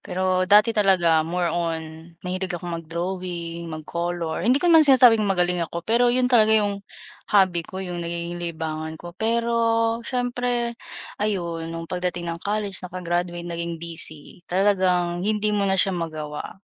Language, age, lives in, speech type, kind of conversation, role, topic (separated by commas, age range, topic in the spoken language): Filipino, 20-24, Philippines, conversation, unstructured, none, Ano ang mga naging karanasan mo sa pagharap sa pagkawala ng sigla sa isang libangan?